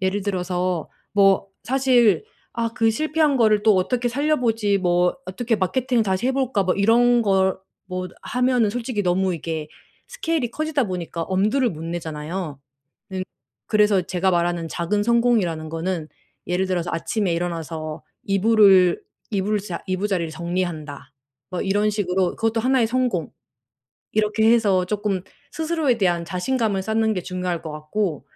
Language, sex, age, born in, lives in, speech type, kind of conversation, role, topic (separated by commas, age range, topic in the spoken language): Korean, female, 35-39, South Korea, Germany, conversation, advice, advisor, 실수나 실패를 두려워하지 않도록 어떻게 마음을 바꿀 수 있나요?